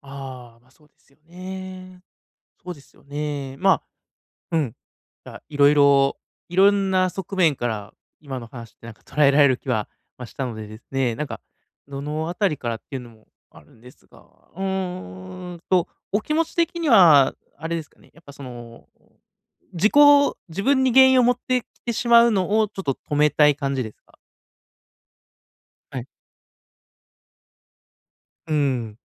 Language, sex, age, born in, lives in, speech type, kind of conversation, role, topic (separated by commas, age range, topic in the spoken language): Japanese, male, 30-34, Japan, Japan, conversation, advice, advisor, 否定的な自己対話を減らすにはどうすればよいですか？